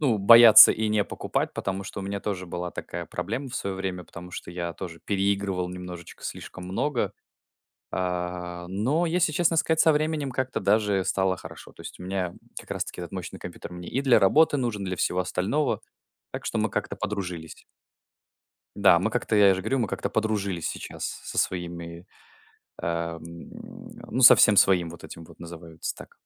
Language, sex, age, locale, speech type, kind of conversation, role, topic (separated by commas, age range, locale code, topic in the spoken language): Russian, male, 20-24, ru-RU, conversation, unstructured, none, Как вы думаете, почему технологии делают жизнь веселее?